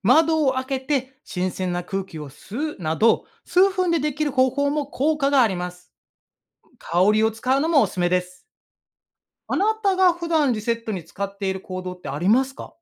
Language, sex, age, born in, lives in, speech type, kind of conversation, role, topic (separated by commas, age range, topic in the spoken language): Japanese, male, 35-39, Japan, Japan, read, expert-assistant, expert, ストレスがたまったと感じたとき、自分でできるリセット方法にはどんなものがありますか？